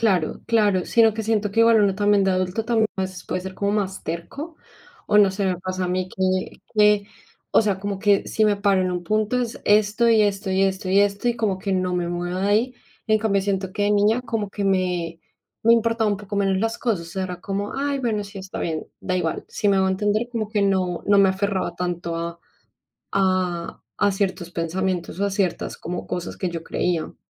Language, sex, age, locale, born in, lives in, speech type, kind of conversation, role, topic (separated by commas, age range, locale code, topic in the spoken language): Spanish, female, 25-29, es-CO, Colombia, Spain, conversation, unstructured, none, ¿Qué importancia tiene el perdón en tu crecimiento personal?